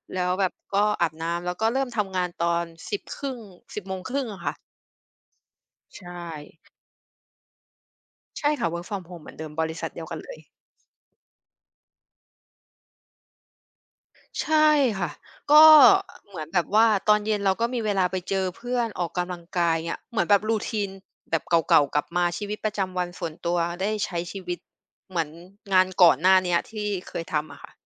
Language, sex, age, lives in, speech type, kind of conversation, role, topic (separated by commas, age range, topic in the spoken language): Thai, female, 30-34, Thailand, conversation, podcast, guest, ทำอย่างไรถึงจะรักษาสมดุลงานกับชีวิตส่วนตัวได้จริงๆ?